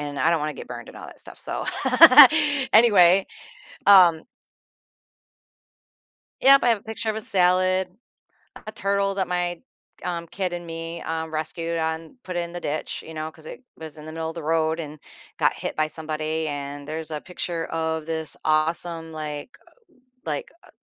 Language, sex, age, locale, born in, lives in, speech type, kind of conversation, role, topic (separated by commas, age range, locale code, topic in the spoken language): English, female, 50-54, en-US, United States, United States, conversation, podcast, guest, What helps you stay resilient when life takes an unexpected turn?